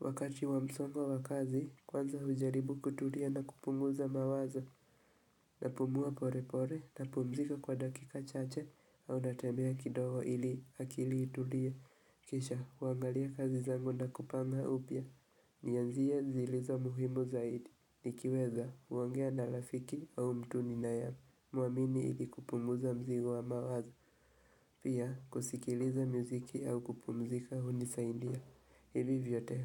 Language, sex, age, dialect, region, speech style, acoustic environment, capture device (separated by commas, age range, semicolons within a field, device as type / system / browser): Swahili, male, 18-24, Kenya - Nairobi Sheng-influenced, Kenya, relaxed; focused, silence, mobile / Linux / Chrome